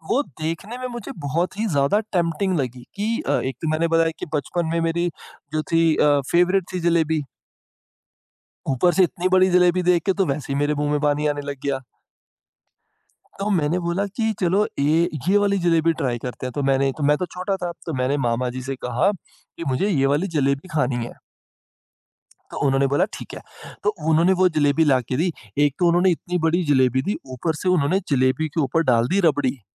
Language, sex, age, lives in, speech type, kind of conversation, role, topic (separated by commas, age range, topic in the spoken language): Hindi, male, 25-29, India, conversation, podcast, guest, Travel ne aapke swaad ko nayi tarah se samajhne aur nayi cheezein chakhne mein aapki kitni madad ki hai?